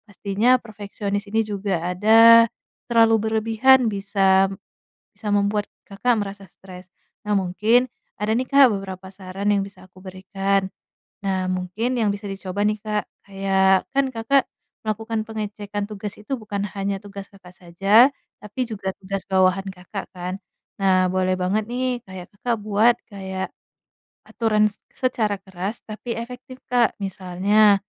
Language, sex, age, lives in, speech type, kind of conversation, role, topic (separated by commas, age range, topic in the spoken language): Indonesian, female, 25-29, Indonesia, conversation, advice, advisor, Bagaimana cara mengatasi perfeksionisme yang menghambat saya menyelesaikan proyek kreatif?